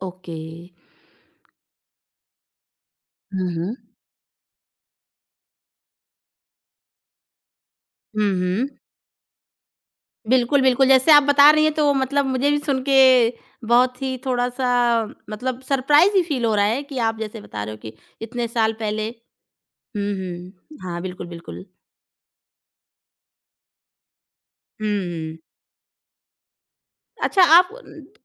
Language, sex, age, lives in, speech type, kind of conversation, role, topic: Hindi, female, 30-34, India, conversation, podcast, host, Woh kaunsi galti thi jisse tumhe sabse bada sabak mila, aur kya hua?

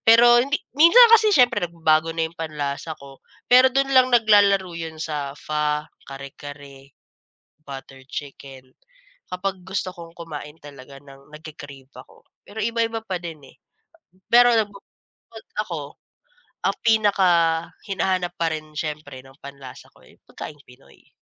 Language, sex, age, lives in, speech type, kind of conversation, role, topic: Filipino, female, 25-29, Philippines, conversation, podcast, guest, Ano ang paborito mong pagkaing pampalubag-loob, at bakit?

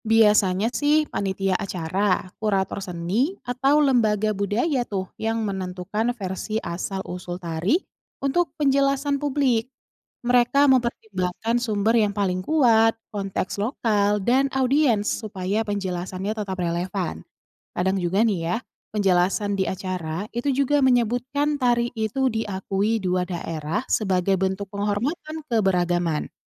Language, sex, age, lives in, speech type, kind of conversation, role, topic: Indonesian, female, 30-34, Indonesia, read, expert-assistant, expert, Bagaimana Menyikapi Perbedaan Versi Asal-Usul Tari Tradisional di Acara Seni?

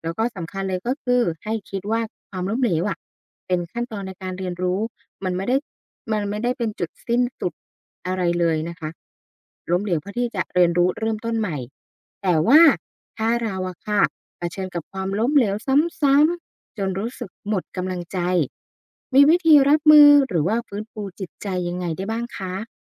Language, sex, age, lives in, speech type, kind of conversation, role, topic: Thai, female, 35-39, Thailand, read, expert-assistant, asker, การเรียนรู้จากความล้มเหลว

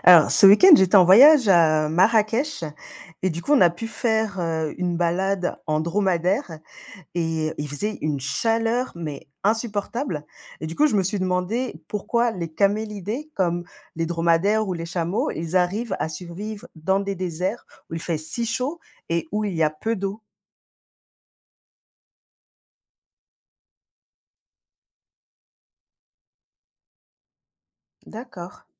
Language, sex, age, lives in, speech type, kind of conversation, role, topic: French, female, 35-39, Spain, read, expert-assistant, asker, Pourquoi les camélidés peuvent-ils survivre dans le désert ?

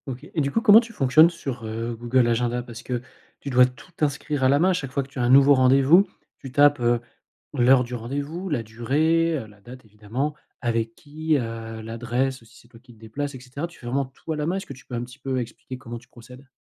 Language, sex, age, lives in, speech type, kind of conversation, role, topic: French, male, 40-44, France, conversation, podcast, host, Quelle application te sauve la vie pour gérer ton agenda ?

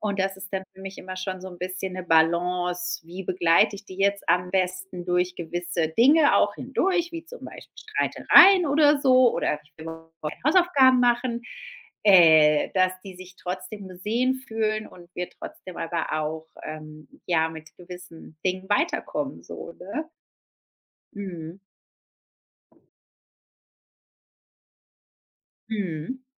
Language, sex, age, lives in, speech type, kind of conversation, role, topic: German, female, 35-39, Spain, conversation, podcast, guest, Welche Rolle spielt Familie für deine Entscheidungen?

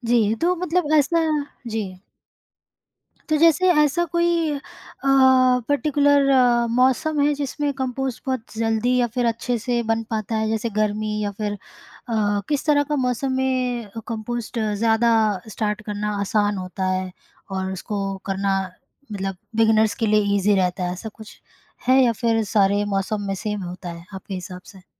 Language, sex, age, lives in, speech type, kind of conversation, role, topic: Hindi, female, 25-29, India, conversation, podcast, host, Composting शुरू करने के आसान और सरल कदम क्या हैं?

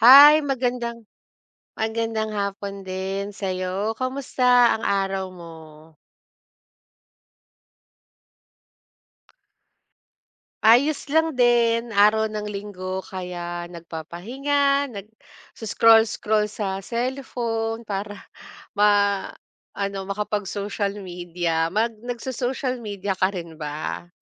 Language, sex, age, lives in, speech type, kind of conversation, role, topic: Filipino, female, 35-39, Philippines, conversation, unstructured, none, Ano ang palagay mo sa labis na pagtutok ng midya sa personal na buhay ng mga artista?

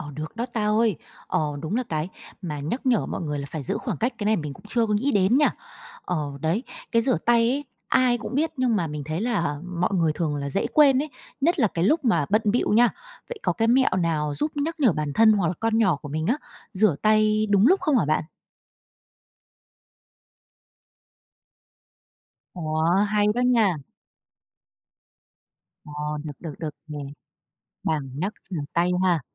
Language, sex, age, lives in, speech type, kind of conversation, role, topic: Vietnamese, female, 30-34, Vietnam, read, expert-assistant, asker, Những thói quen nhỏ nào trong sinh hoạt hàng ngày có thể giúp phòng tránh bệnh truyền nhiễm trong cộng đồng?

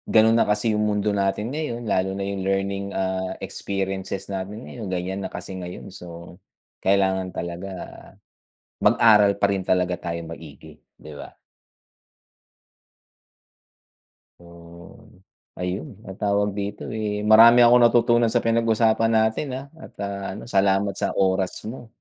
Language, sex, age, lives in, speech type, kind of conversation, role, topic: Filipino, male, 45-49, United States, conversation, unstructured, none, Paano nakaaapekto ang teknolohiya sa ating edukasyon?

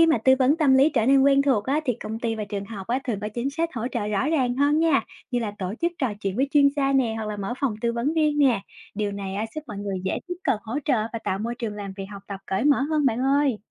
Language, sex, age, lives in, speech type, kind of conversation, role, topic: Vietnamese, female, 25-29, Vietnam, read, expert-assistant, expert, Nếu đi gặp chuyên gia tâm lý trở thành điều bình thường như đi khám sức khỏe tổng quát, xã hội sẽ thay đổi ra sao?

